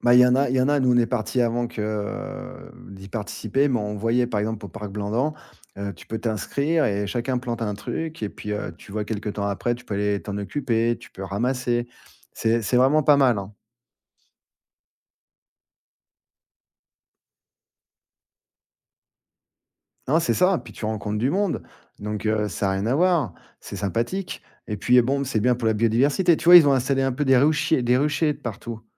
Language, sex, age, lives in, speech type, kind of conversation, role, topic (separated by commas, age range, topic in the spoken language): French, male, 40-44, France, conversation, podcast, guest, Quelles actions simples peut-on mettre en place en ville pour favoriser la biodiversité ?